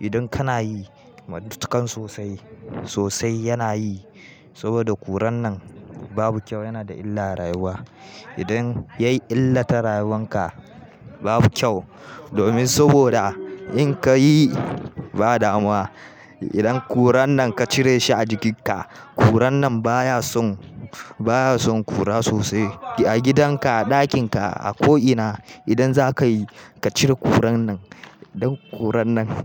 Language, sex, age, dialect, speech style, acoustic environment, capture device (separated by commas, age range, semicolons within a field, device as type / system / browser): Hausa, male, 18-24, Nigeria - Kano, happy; anxious; tired, nature; music, mobile / Linux / Chrome